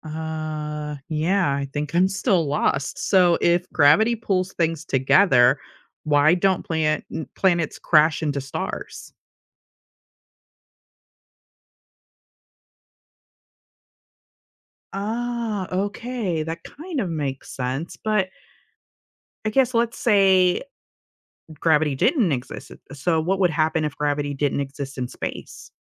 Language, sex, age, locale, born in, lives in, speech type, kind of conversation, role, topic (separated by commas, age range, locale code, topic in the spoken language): English, female, 35-39, en-US, United States, United States, read, expert-assistant, asker, How does gravity affect objects in space?